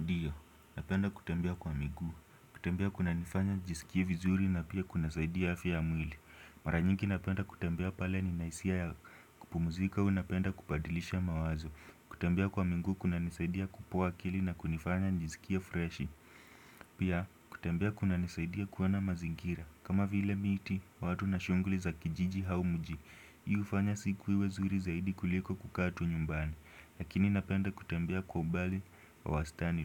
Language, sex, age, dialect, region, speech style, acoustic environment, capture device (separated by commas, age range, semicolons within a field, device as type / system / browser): Swahili, male, 18-24, Kenya - Nairobi Sheng-influenced, Kenya, happy, silence, desktop / Windows / Chrome